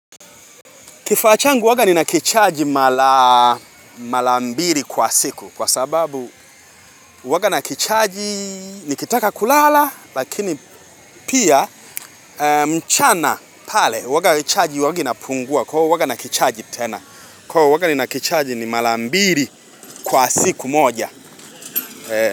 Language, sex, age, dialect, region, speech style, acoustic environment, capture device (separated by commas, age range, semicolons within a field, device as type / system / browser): Swahili, male, 25-34, Tanzania - Dar es Salaam, Tanzania, excited, people talking, mobile / Linux / Chrome